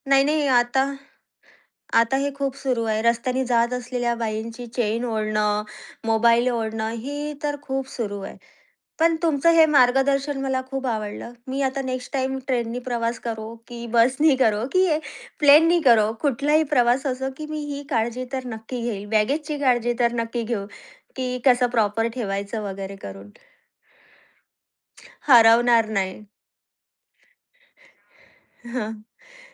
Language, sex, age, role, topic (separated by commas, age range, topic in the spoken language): Marathi, female, 30-34, host, तुमचं सामान कधी हरवलं आणि त्यानंतर नेमकं काय घडलं?